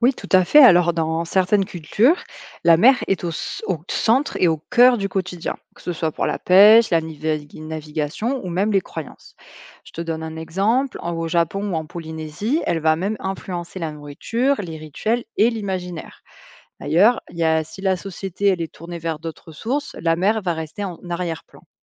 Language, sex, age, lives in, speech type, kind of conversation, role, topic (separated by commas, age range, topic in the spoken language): French, female, 25-29, France, read, expert-assistant, expert, Pourquoi la mer est-elle si importante dans certaines cultures, alors qu’elle passe presque inaperçue dans d’autres ?